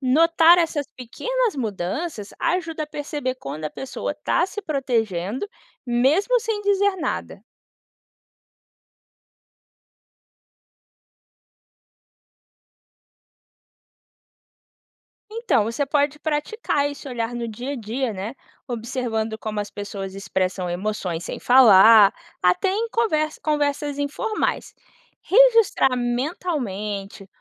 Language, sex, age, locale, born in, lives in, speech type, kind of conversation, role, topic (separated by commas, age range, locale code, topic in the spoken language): Portuguese, female, 25-29, pt-BR, Brazil, United States, read, expert-assistant, expert, Como aprender, na prática, a ouvir de verdade quem está sendo cuidado?